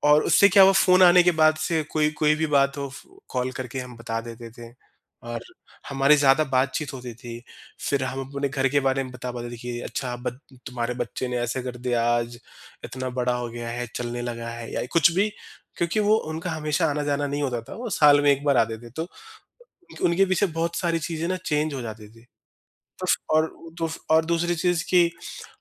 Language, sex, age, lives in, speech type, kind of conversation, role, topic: Hindi, male, 30-34, India, conversation, podcast, guest, Technology ne tumhari family bonding ko kaise badla hai, aur tum apne experiences kaunse share karna chahoge?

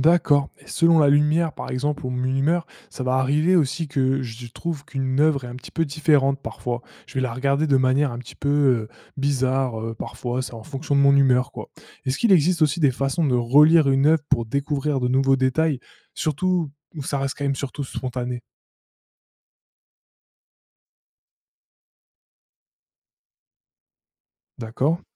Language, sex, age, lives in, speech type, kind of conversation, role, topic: French, male, 20-24, France, read, expert-assistant, asker, Quand tu lis plusieurs avis sur une œuvre d’art, comment tu t’y prends pour te faire ta propre idée ?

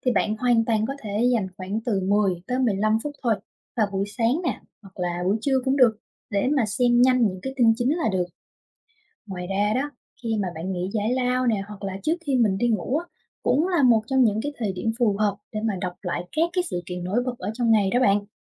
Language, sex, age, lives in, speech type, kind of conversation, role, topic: Vietnamese, female, 25-29, Vietnam, read, expert-assistant, expert, Tại sao cần xây dựng thói quen đọc tin tức mỗi ngày?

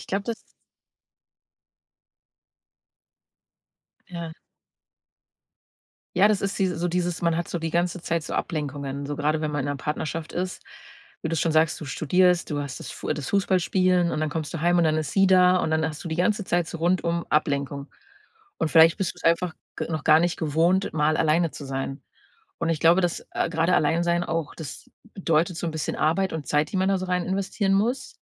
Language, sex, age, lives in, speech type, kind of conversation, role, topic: German, female, 30-34, Germany, conversation, advice, advisor, Wie hast du die Trennung oder Scheidung und das plötzliche Alleinleben nach einer langen Beziehung erlebt?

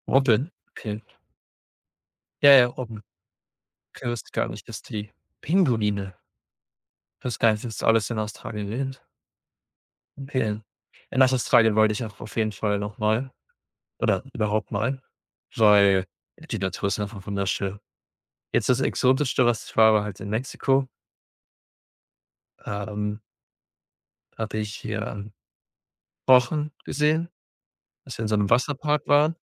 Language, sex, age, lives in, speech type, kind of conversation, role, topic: German, male, 25-29, Germany, conversation, unstructured, none, Wie verbringst du am liebsten Zeit in der Natur?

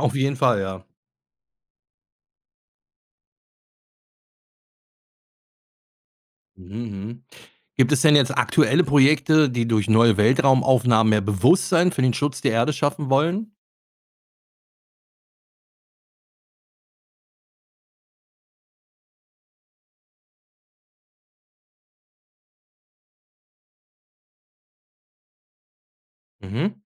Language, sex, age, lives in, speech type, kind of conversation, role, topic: German, male, 50-54, Germany, read, expert-assistant, asker, Wie verändern Entdeckungen über fremde Planeten unseren Blick auf die Erde?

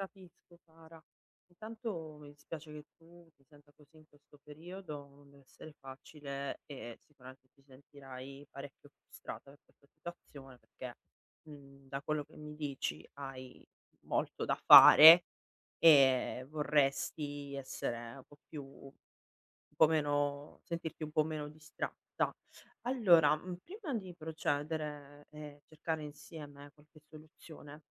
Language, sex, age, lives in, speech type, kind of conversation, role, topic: Italian, female, 35-39, Italy, conversation, advice, advisor, Come posso eliminare le distrazioni per concentrarmi sul lavoro profondo?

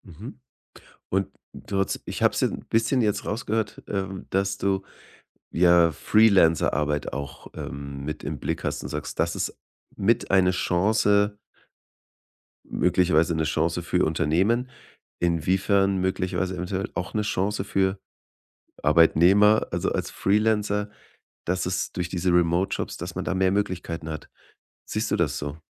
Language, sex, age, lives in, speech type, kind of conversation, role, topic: German, male, 35-39, Germany, conversation, podcast, host, Wie könnte Arbeiten von zu Hause langfristig unser Leben verändern?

